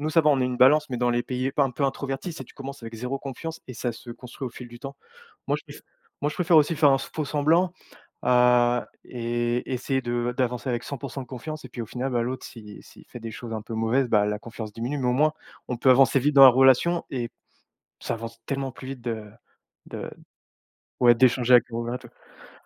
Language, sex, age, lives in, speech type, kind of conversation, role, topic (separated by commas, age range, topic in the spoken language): French, male, 30-34, France, conversation, unstructured, none, Quelles compétences en négociation as-tu développées en échangeant avec des personnes de cultures différentes ?